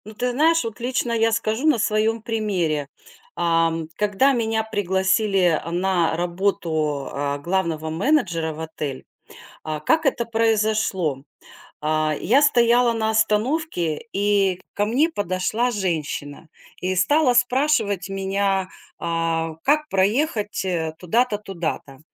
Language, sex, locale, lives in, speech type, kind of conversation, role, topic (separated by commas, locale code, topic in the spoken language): Russian, female, ru-RU, United States, conversation, podcast, guest, Что могут рассказать глаза о человеке?